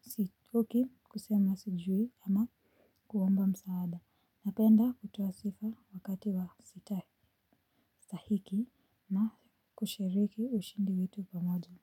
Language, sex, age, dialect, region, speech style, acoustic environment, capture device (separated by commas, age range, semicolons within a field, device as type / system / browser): Swahili, female, 25-34, Kenya - Nairobi Sheng-influenced, Kenya, relaxed, silence, mobile / Linux / Chrome